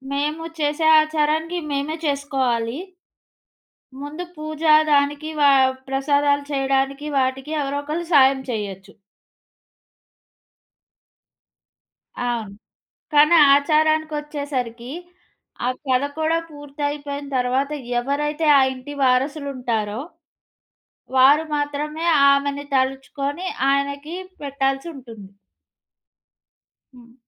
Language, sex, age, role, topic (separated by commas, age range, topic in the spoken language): Telugu, female, 25-29, guest, మీ ఇంట్లో తరతరాలుగా కొనసాగుతున్న ఆచారం ఏది?